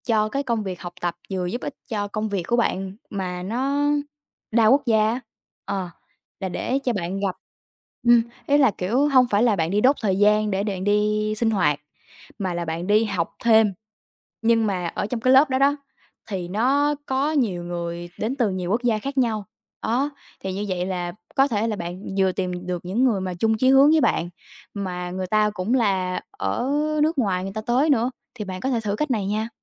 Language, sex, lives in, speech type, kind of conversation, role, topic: Vietnamese, female, Vietnam, conversation, advice, advisor, Bạn nên làm gì để kết bạn mới dễ hơn sau khi chuyển đến nơi ở mới?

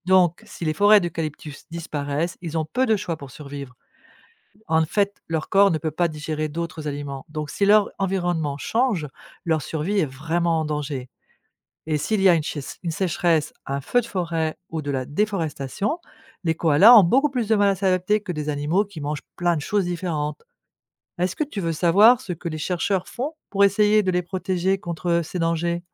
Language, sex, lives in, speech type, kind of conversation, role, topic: French, female, France, read, expert-assistant, expert, Pourquoi les koalas ne mangent-ils que des feuilles d’eucalyptus ?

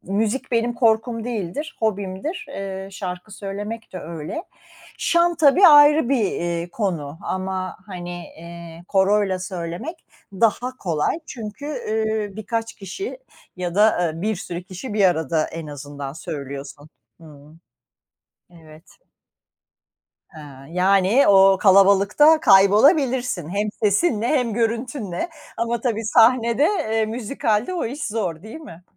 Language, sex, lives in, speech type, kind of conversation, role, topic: Turkish, female, United States, conversation, unstructured, none, Öğrenmek istediğin ama öğrenmekten korktuğun bir ders var mı?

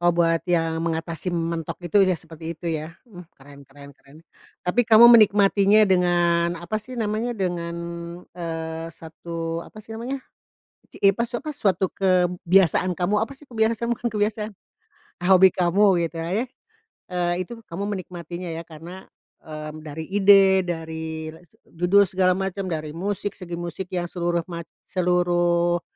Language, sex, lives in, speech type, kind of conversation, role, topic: Indonesian, female, Indonesia, conversation, podcast, host, Apa yang kamu lakukan saat ide mentok?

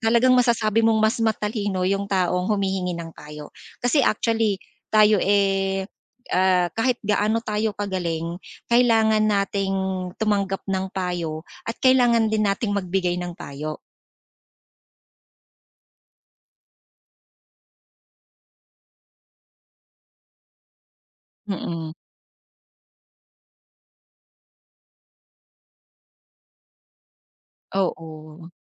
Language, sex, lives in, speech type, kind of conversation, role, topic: Filipino, female, Philippines, conversation, podcast, guest, Paano ka humihingi ng payo nang hindi nahihiya?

